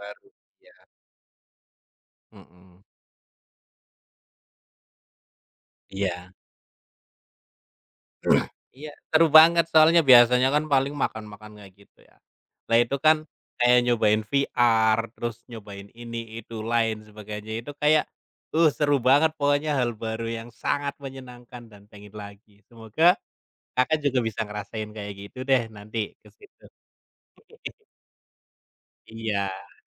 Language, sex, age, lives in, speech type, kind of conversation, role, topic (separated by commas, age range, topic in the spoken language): Indonesian, male, 30-34, Indonesia, conversation, unstructured, none, Bagaimana cara kamu membujuk keluarga untuk mencoba hal baru?